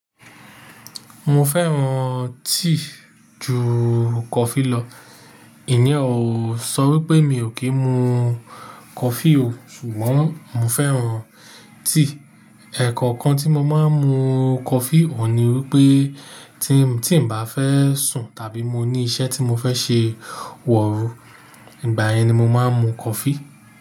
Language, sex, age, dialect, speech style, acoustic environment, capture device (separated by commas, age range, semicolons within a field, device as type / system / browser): Yoruba, male, 35-44, Nigeria - Nigerian Standard, relaxed, appliances; nature, mobile / Linux / Chrome